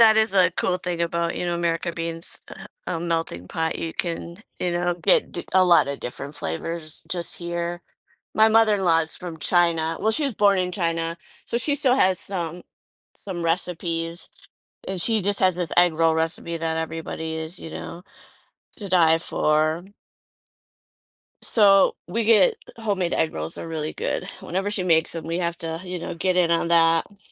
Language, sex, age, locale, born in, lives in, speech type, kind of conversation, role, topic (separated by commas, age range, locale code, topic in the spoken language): English, female, 50-54, en-US, United States, United States, conversation, podcast, host, What encourages you to be adventurous with food and try unfamiliar flavors?